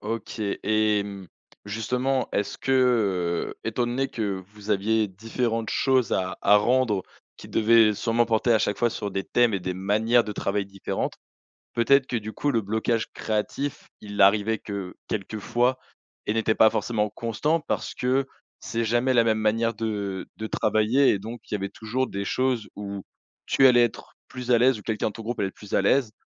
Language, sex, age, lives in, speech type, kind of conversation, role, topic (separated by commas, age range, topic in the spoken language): French, male, 20-24, France, conversation, podcast, host, Comment retrouves-tu ton élan après un gros blocage créatif ?